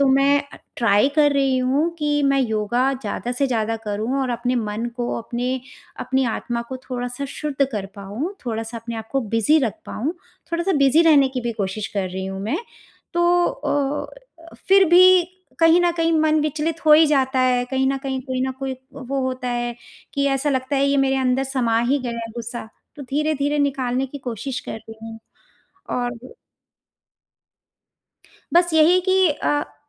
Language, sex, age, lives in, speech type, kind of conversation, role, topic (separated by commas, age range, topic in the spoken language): Hindi, female, 45-49, India, conversation, advice, user, मैं क्रोध के क्षणों में तर्क-वितर्क को शांत कैसे करूँ?